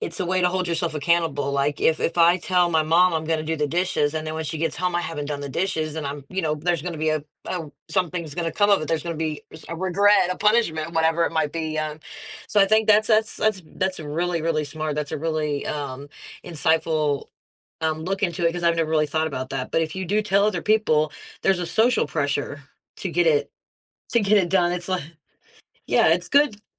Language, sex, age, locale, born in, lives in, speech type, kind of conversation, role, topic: English, female, 35-39, en-US, United States, United States, conversation, podcast, host, How can setbacks help us grow and move closer to our goals?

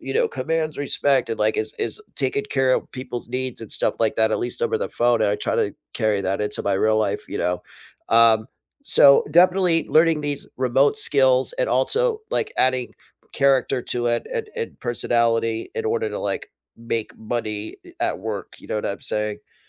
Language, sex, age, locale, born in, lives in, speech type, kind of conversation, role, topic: English, male, 40-44, en-US, United States, United States, conversation, unstructured, none, What new skill are you focusing on this year, and how is the journey going so far?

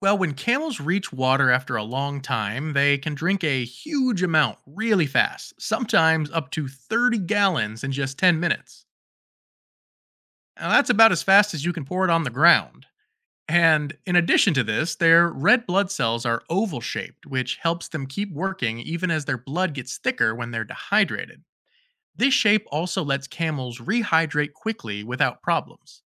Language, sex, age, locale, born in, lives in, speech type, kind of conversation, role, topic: English, male, 30-34, en-US, United States, United States, read, expert-assistant, expert, How do camels survive in the desert?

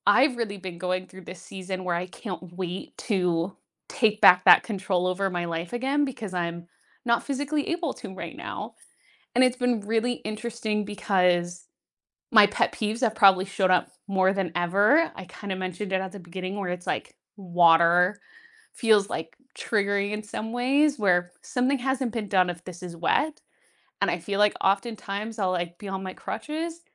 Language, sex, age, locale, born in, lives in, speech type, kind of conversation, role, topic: English, female, 25-29, en-US, United States, United States, conversation, unstructured, none, What is your biggest pet peeve about daily habits?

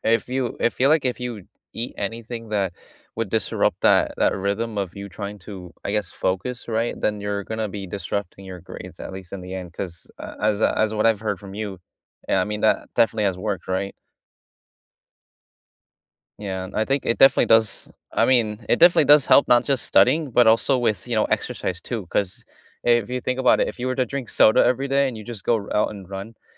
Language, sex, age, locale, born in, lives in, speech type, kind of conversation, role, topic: English, male, 20-24, en-US, United States, United States, conversation, unstructured, none, Which small daily habit has noticeably improved your life, and what's the story behind it?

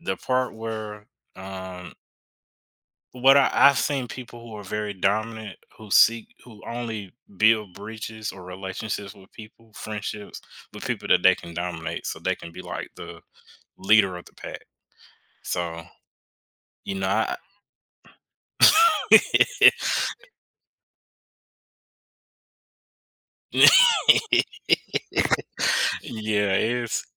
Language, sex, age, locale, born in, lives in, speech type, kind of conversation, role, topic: English, male, 25-29, en-US, United States, United States, conversation, podcast, host, What factors most often lead to misunderstandings or tension between friends?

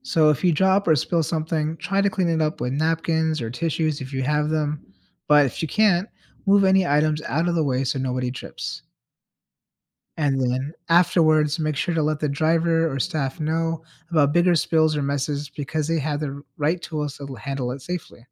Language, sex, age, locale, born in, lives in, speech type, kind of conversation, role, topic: English, male, 30-34, en-US, United States, United States, read, expert-assistant, expert, How can you safely and responsibly use public transportation?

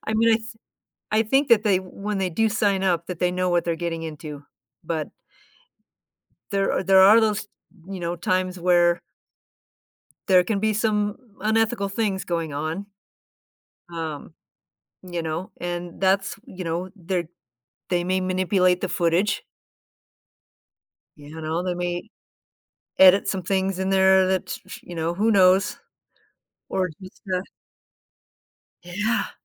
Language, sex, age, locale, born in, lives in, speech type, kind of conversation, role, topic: English, female, 55-59, en-US, United States, United States, conversation, unstructured, none, How do you think reality TV affects our views on privacy and personal boundaries?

